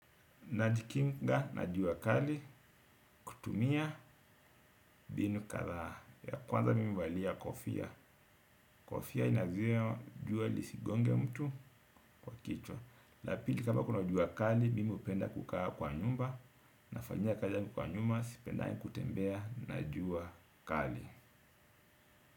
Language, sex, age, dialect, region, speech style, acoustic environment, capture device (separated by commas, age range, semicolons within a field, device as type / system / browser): Swahili, male, 35-44, Kenya - Mombasa, Kenya, happy, nature, desktop / Windows / Edge